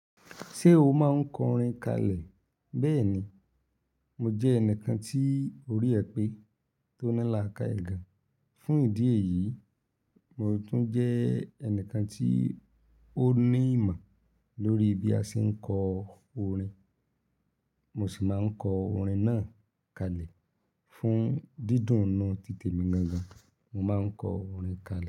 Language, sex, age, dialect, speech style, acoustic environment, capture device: Yoruba, male, 25-34, Nigeria - Ibadan, happy, silence, mobile / Linux / Chrome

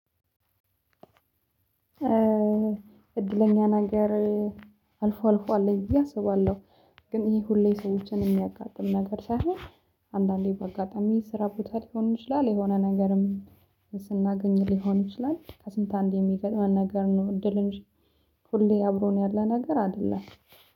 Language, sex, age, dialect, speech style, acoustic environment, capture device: Amharic, female, 25-34, Ethiopia - Addis Ababa, happy; excited, silence, mobile / Linux / Chrome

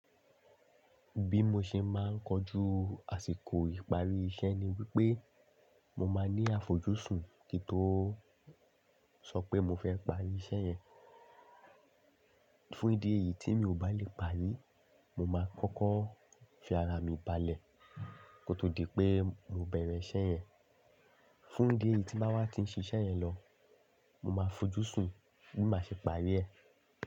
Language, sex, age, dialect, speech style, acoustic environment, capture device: Yoruba, male, 25-34, Nigeria - Lagos Yoruba, happy, silence; nature, desktop / Linux / Chrome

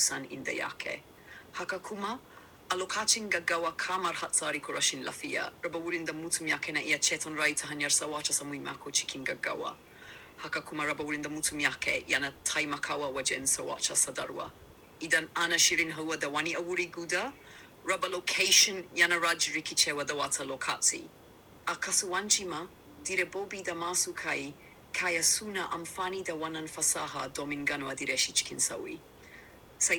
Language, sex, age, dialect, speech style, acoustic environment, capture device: Hausa, male, 18-24, Nigeria - Kano, relaxed, appliances, desktop / Windows / Chrome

